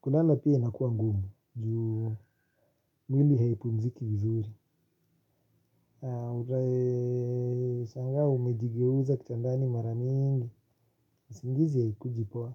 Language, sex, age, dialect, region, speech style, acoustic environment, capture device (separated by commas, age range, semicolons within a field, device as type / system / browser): Swahili, male, 25-34, Kenya - Nairobi Sheng-influenced, Kenya, relaxed, silence; nature, mobile / Linux / Chrome